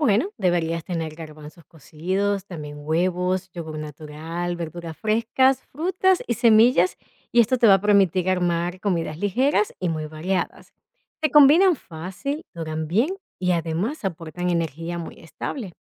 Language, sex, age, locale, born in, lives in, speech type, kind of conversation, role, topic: Spanish, female, 40-44, es-VE, Venezuela, United States, read, expert-assistant, expert, ¿Qué hábitos pequeños de nutrición valen más la pena adoptar primero para ver cambios reales en mi bienestar?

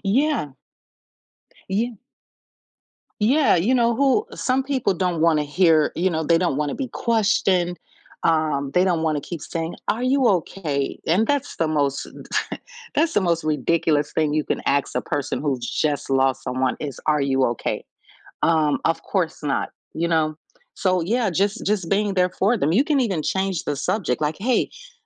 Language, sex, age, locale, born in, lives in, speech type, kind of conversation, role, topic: English, female, 45-49, en-US, United States, United States, conversation, podcast, guest, How do people experience and cope with the wide range of emotions that come with grief?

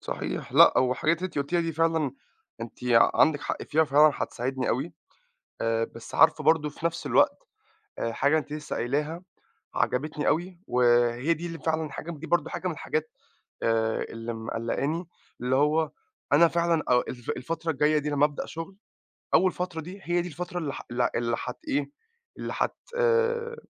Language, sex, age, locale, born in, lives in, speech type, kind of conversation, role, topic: Arabic, male, 25-29, ar-EG, Egypt, United States, conversation, advice, user, إزاي أبدأ شغل جديد وأتأقلم مع فريق وثقافة شغل مختلفين؟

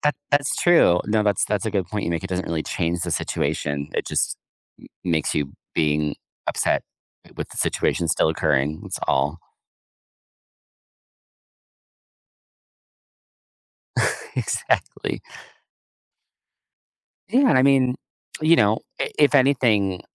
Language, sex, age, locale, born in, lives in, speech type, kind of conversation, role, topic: English, male, 30-34, en-US, United States, United States, conversation, podcast, host, How can travel experiences shape who we are or change our outlook on life?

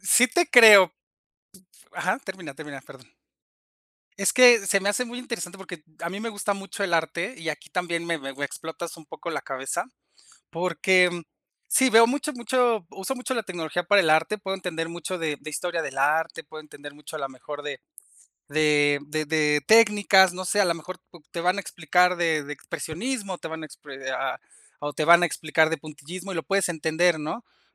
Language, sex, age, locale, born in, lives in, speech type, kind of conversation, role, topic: Spanish, male, 35-39, es-MX, Mexico, Mexico, conversation, unstructured, none, ¿Crees que la tecnología ayuda a que todas las personas tengan acceso a la educación?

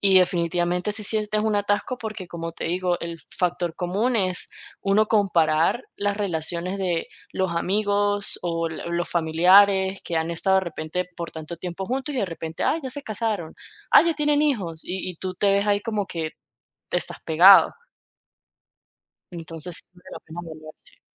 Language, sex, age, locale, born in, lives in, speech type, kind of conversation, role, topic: Spanish, female, 30-34, es-VE, Venezuela, United States, conversation, podcast, guest, ¿Qué señales te indican que te estás estancando?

